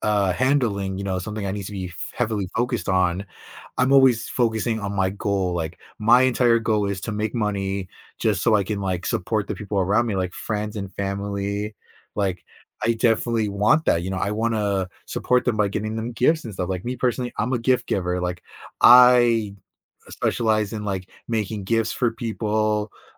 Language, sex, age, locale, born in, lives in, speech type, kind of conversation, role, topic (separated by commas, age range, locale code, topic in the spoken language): English, male, 20-24, en-US, United States, United States, conversation, unstructured, none, What is your strategy for setting and achieving personal goals?